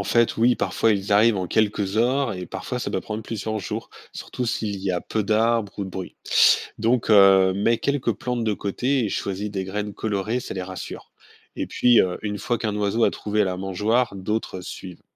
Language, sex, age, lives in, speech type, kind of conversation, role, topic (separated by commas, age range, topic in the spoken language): French, male, 45-49, France, read, expert-assistant, expert, De quelles manières simples pourrions-nous aider les espèces locales à s’adapter à la ville ?